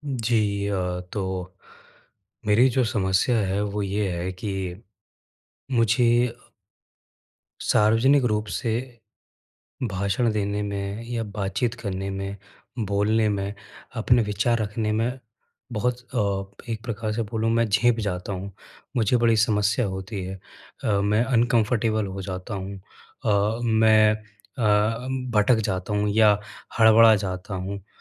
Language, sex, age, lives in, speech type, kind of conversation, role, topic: Hindi, male, 25-29, India, conversation, advice, user, सार्वजनिक रूप से बोलते समय मुझे अधिक आत्मविश्वास कैसे मिल सकता है?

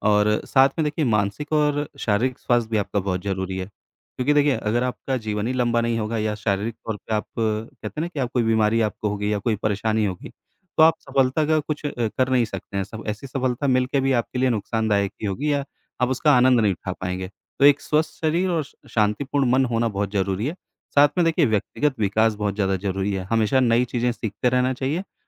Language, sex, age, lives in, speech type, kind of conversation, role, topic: Hindi, male, 35-39, India, conversation, unstructured, none, आपके हिसाब से सफलता का मतलब क्या है?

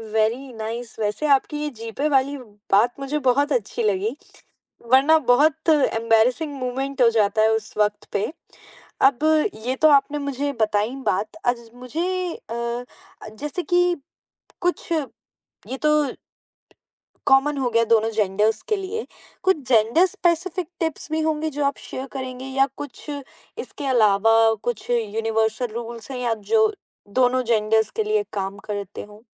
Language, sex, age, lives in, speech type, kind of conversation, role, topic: Hindi, female, 20-24, India, conversation, podcast, host, Simple grooming tips jo turant confidence badha dein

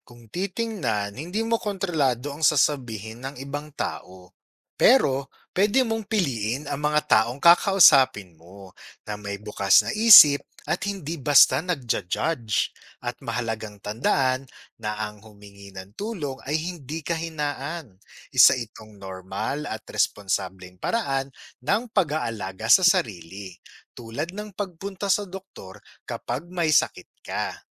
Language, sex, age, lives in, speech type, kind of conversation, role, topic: Filipino, male, 35-39, Philippines, read, expert-assistant, expert, Ano ang mga paraan upang mapanatili ang kalusugan ng isip?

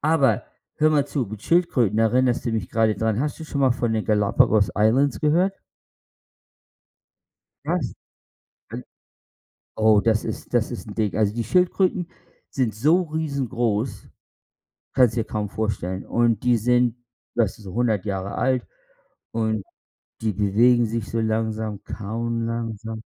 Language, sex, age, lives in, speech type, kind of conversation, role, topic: German, male, 55-59, United States, conversation, unstructured, none, Welchen Ort in der Natur möchtest du unbedingt einmal besuchen?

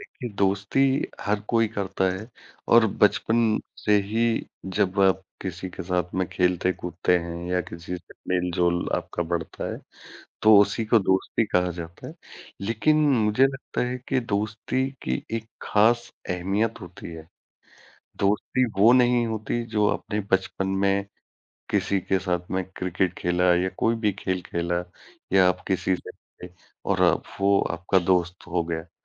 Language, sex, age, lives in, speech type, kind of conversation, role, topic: Hindi, male, 40-44, India, conversation, unstructured, none, दोस्ती में आपके लिए सबसे ज़रूरी बात क्या है?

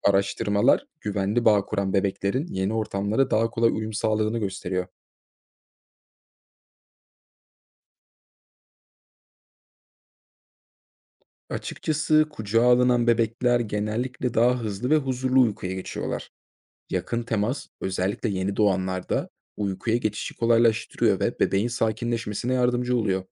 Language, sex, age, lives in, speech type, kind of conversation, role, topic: Turkish, male, 25-29, Germany, read, expert-assistant, expert, Bebeklerin sürekli kucağa alınmasının onları şımarttığı inancı gerçek mi yoksa bir şehir efsanesi mi?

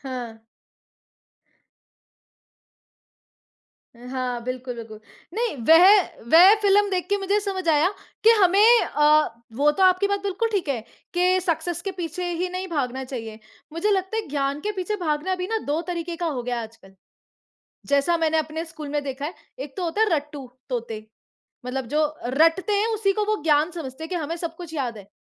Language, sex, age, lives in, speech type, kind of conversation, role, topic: Hindi, female, 25-29, India, conversation, unstructured, none, आपके जीवन से सबसे अधिक जुड़ी हुई कौन-सी फिल्म है?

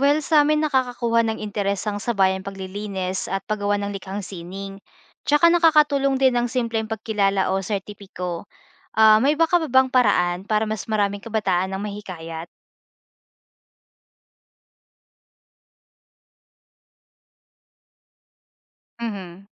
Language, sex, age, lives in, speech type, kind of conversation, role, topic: Filipino, female, 25-29, Philippines, read, expert-assistant, asker, Paano makakatulong ang mga komunidad sa pagpapanumbalik ng mga nawasak na bakawan at seagrass bed?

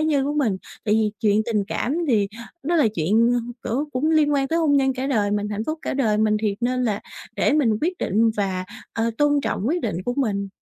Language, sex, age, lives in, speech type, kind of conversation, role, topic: Vietnamese, female, 20-24, Vietnam, conversation, advice, user, Làm sao để đối mặt với áp lực phải tuân theo chuẩn mực của cộng đồng?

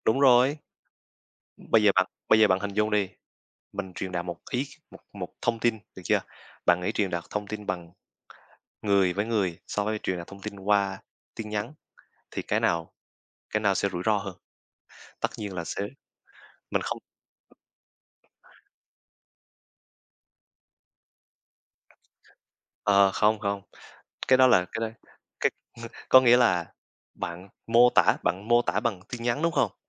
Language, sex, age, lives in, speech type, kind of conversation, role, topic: Vietnamese, male, 20-24, Vietnam, conversation, unstructured, none, Bạn làm thế nào để cân bằng thời gian dùng điện thoại và cuộc sống thực?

